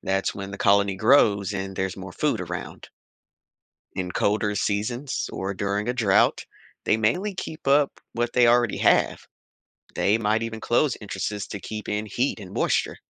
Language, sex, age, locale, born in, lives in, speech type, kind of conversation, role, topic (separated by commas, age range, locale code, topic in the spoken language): English, male, 35-39, en-US, United States, United States, read, expert-assistant, expert, How do ants build complex colonies underground?